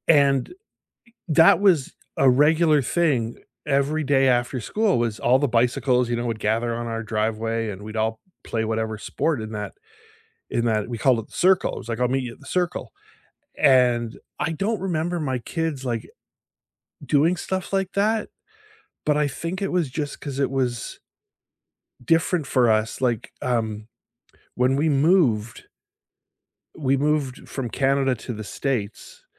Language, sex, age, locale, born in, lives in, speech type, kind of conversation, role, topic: English, male, 55-59, en-CA, Canada, United States, conversation, unstructured, none, Which era of your life fills you with the most nostalgia, and what makes it meaningful to you?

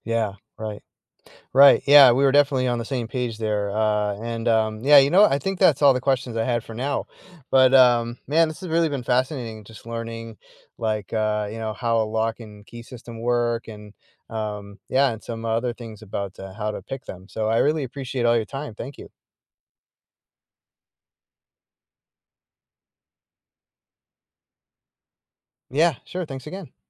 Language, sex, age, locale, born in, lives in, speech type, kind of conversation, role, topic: English, male, 45-49, en-US, United States, United States, read, expert-assistant, asker, How does a lock and key system work?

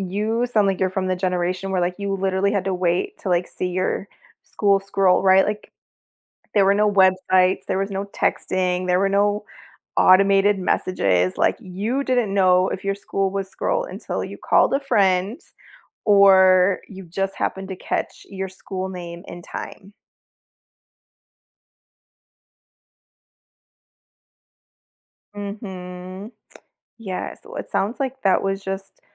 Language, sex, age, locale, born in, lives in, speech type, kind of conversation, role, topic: English, female, 40-44, en-US, United States, United States, conversation, advice, advisor, How can I reconnect with the feelings and memories of a favorite place from my past?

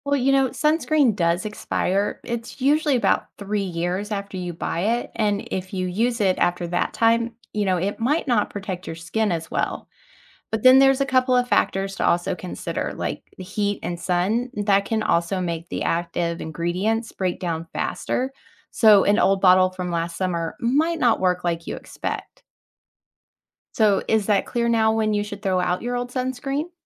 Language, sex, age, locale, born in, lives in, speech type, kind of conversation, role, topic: English, female, 40-44, en-US, United States, United States, read, expert-assistant, expert, How does sunscreen protect your skin from the sun?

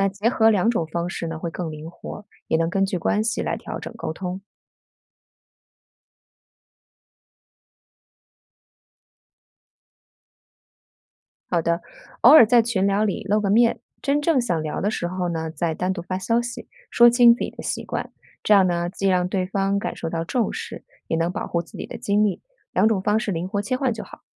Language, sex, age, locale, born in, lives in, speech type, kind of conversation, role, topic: Chinese, female, 40-44, zh-CN, China, United States, read, expert-assistant, expert, 在忙碌的时候，你会用什么方式安排和亲友的沟通时间？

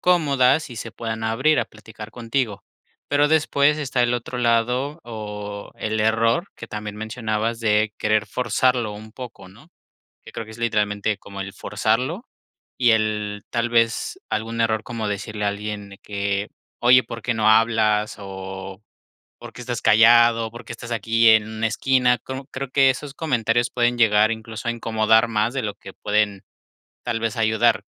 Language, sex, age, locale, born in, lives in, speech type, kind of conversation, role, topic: Spanish, male, 25-29, es-MX, Mexico, Mexico, conversation, podcast, host, ¿Cómo incluir a personas nuevas en un grupo?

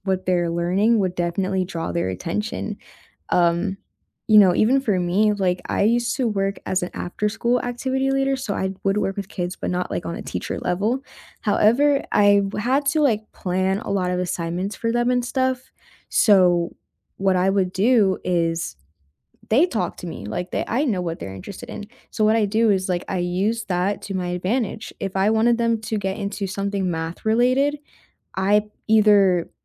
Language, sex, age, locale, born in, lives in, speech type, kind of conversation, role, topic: English, female, 20-24, en-US, United States, United States, conversation, unstructured, none, How would you redesign homework to fit real life, spark curiosity, and reduce stress?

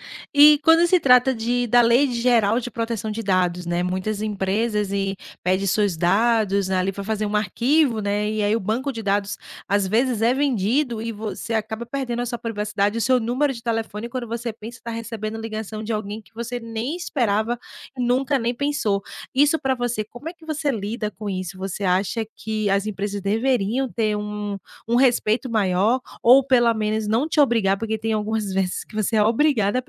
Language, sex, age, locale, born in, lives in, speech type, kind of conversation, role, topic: Portuguese, female, 35-39, pt-BR, Brazil, Portugal, conversation, podcast, host, Como proteger sua privacidade nas redes sociais, na prática?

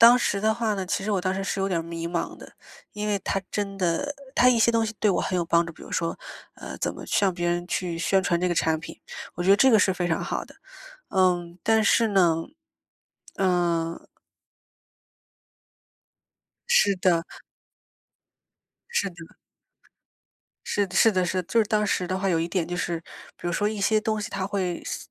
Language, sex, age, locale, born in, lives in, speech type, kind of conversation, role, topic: Chinese, female, 25-29, zh-CN, China, United States, conversation, podcast, guest, 你会如何在保持自我和尝试新事物之间取得平衡？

